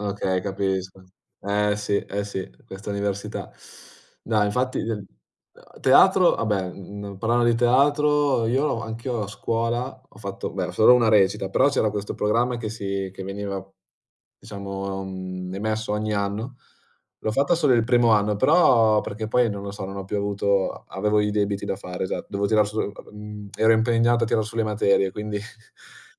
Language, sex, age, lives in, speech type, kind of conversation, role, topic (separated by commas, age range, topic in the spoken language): Italian, male, 20-24, Italy, conversation, unstructured, none, In che modo coltivare un hobby migliora la tua vita quotidiana?